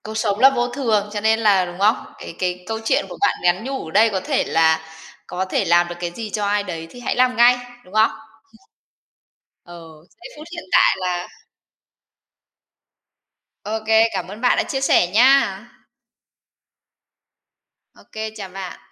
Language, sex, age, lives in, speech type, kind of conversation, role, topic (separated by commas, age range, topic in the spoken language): Vietnamese, female, 30-34, Vietnam, conversation, podcast, host, Bạn từng hối tiếc điều gì và đã học được bài học gì từ điều đó?